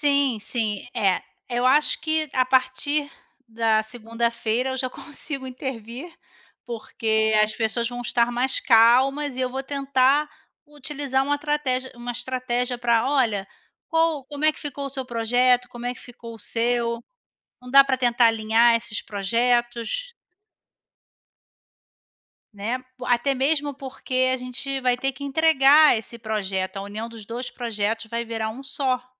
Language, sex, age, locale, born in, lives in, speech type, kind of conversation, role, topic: Portuguese, female, 40-44, pt-BR, Brazil, Spain, conversation, unstructured, none, Você já conseguiu transformar uma briga em algo positivo? Como?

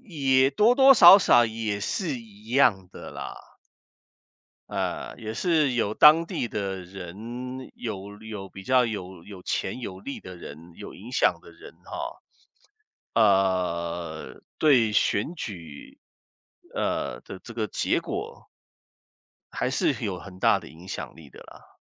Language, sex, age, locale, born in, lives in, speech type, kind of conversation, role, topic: Chinese, male, 55-59, zh-CN, Taiwan, United States, conversation, unstructured, none, 你怎么看待选举的公平性？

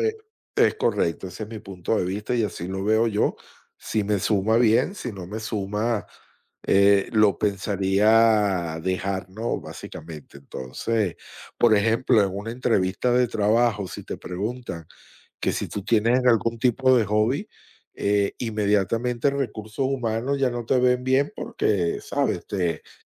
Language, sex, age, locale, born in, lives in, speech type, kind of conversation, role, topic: Spanish, male, 20-24, es-VE, United States, United States, conversation, unstructured, none, ¿Qué tan importante es para ti tener un pasatiempo en tu vida diaria?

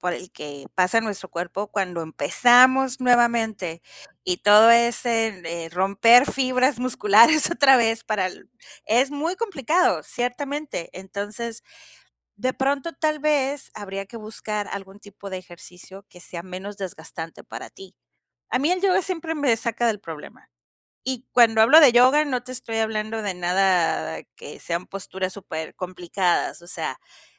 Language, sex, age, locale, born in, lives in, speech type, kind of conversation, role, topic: Spanish, female, 45-49, es-MX, Mexico, Mexico, conversation, advice, advisor, ¿Cómo puedo evitar abandonar mis hábitos cuando me siento agotado o estresado?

